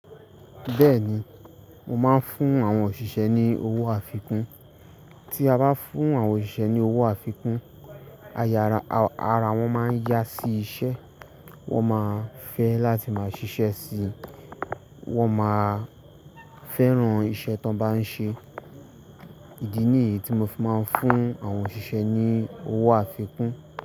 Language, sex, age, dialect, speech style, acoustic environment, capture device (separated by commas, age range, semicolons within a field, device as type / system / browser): Yoruba, male, 18-24, Nigeria - Ibadan, relaxed, silence; nature, mobile / Linux / Chrome